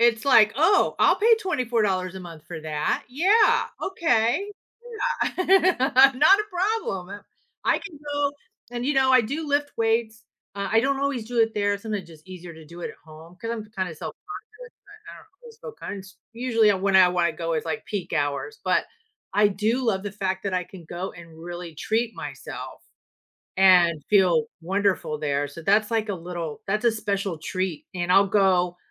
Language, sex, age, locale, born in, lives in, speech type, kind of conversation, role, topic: English, female, 55-59, en-US, United States, United States, conversation, podcast, guest, How can making self-care a regular habit improve your overall well-being?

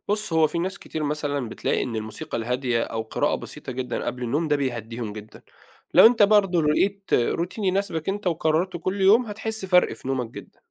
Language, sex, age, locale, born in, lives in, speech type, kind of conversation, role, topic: Arabic, male, 30-34, ar-EG, Saudi Arabia, Egypt, read, expert-assistant, expert, لما بحس إني مضغوط أو متوتر لفترة طويلة، ده بيأثر على جسمي إزاي، وإيه الحلول اللي ممكن تساعدني أتعامل مع الضغط ده؟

